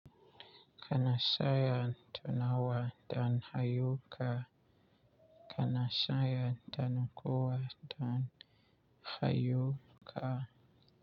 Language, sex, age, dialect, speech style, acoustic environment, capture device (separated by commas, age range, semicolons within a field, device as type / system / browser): Hausa, male, 25-34, Nigeria - Nigerian Standard, relaxed, nature, mobile / Linux / Chrome